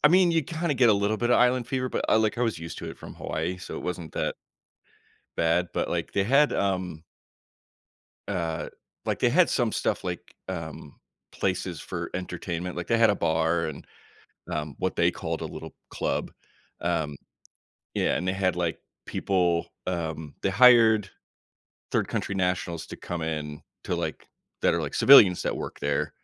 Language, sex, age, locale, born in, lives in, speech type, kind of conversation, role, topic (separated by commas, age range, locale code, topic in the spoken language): English, male, 35-39, en-US, United States, United States, conversation, podcast, guest, How do unexpected travel challenges shape your experiences and perspective?